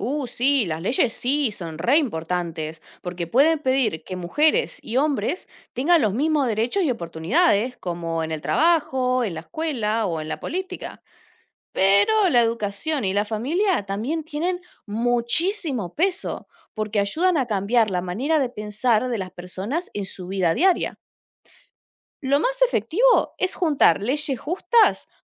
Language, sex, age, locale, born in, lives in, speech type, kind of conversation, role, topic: Spanish, female, 30-34, es-AR, Argentina, Netherlands, read, expert-assistant, expert, ¿Por qué es importante la igualdad de género en la sociedad?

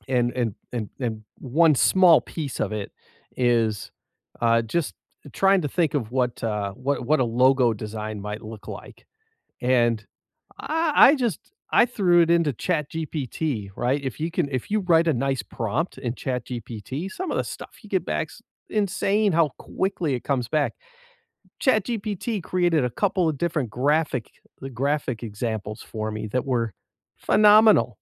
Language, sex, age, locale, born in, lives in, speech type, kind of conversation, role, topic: English, male, 50-54, en-US, United States, United States, conversation, unstructured, none, What is the last thing you learned that made your life easier?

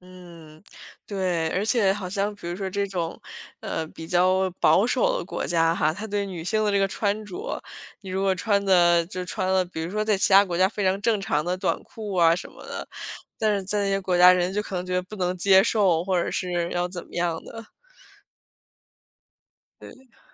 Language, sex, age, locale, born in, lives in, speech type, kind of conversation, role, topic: Chinese, female, 25-29, zh-CN, China, United States, conversation, unstructured, none, 你觉得独自旅行安全吗？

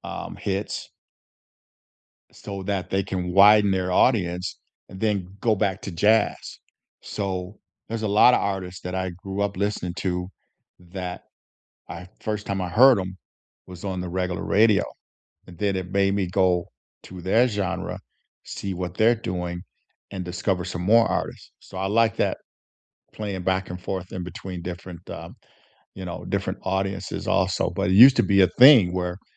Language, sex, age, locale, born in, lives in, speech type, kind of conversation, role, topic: English, male, 60-64, en-US, United States, United States, conversation, unstructured, none, What music, podcasts, or shows soothe and inspire you?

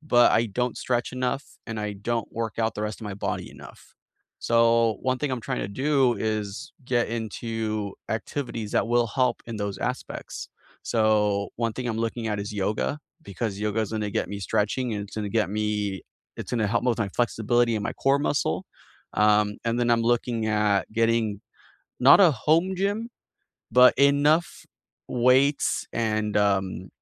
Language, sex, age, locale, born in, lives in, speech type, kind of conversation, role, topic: English, male, 30-34, en-US, United States, United States, conversation, unstructured, none, What skill are you teaching yourself this year, and how is it going?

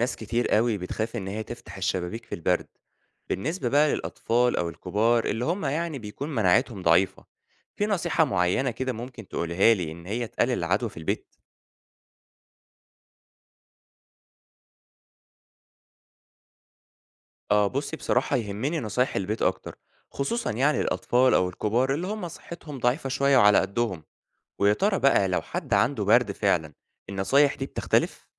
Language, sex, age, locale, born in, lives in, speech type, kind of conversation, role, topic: Arabic, male, 25-29, ar-EG, Egypt, Egypt, read, expert-assistant, asker, فيه أسباب معينة بتخلي بعض المناطق في مصر ينتشر فيها فيروس كورونا أو الأمراض المعدية أكتر من مناطق تانية؟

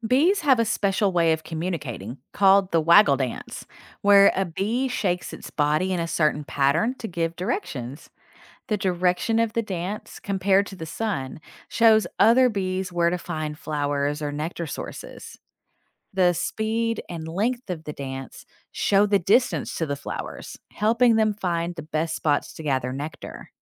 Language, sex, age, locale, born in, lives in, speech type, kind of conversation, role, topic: English, female, 40-44, en-US, United States, United States, read, expert-assistant, expert, How do bees make and manage honey in their hive?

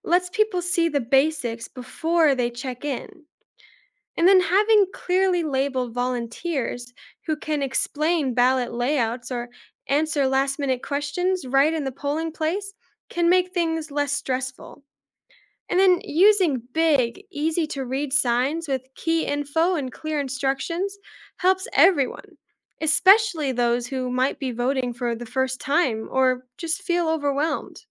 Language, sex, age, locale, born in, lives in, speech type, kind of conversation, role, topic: English, female, 20-24, en-US, United States, United States, read, expert-assistant, expert, How can we make voting easier and more accessible for everyone?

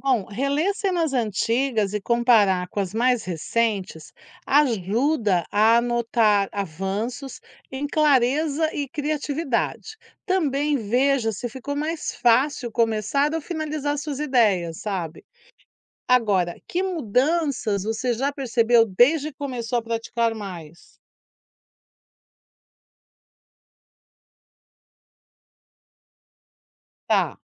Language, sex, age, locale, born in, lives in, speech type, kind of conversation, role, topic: Portuguese, female, 55-59, pt-BR, Brazil, United States, read, expert-assistant, expert, Como eu posso organizar minhas ideias quando começo a escrever uma história?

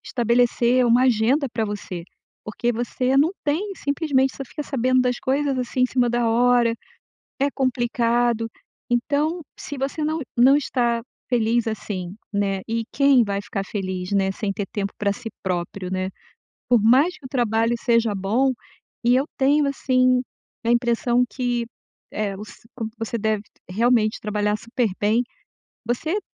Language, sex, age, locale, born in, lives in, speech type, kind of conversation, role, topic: Portuguese, female, 55-59, pt-BR, Brazil, United States, conversation, advice, advisor, Como posso criar limites claros entre o trabalho e a vida pessoal?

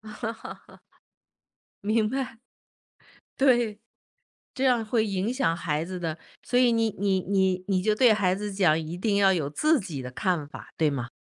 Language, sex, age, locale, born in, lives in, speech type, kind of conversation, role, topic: Chinese, female, 55-59, zh-CN, China, United States, conversation, podcast, host, 家里老人插手孩子教育时，怎么才能和平处理？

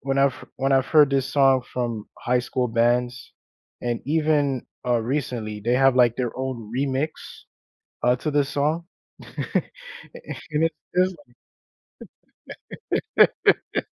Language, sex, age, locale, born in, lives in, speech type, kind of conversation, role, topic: English, male, 35-39, en-US, United States, United States, conversation, unstructured, none, What song brings back strong memories for you?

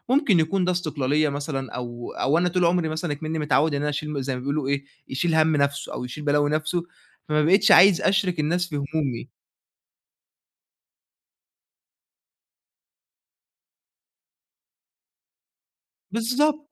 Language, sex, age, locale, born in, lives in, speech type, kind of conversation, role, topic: Arabic, male, 20-24, ar-EG, Egypt, Egypt, conversation, advice, user, إزاي أتعامل مع قلقي من حكم الناس ونقدهم لما أشارك شغلي اللي لسه بيتعمل؟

